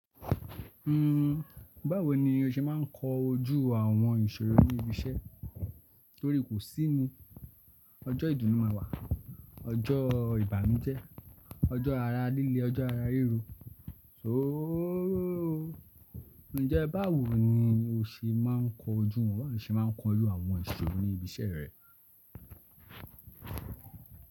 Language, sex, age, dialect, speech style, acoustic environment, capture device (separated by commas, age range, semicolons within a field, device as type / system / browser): Yoruba, male, 25-34, Nigeria - Lagos Yoruba, focused; relaxed, silence, desktop / Linux / Chrome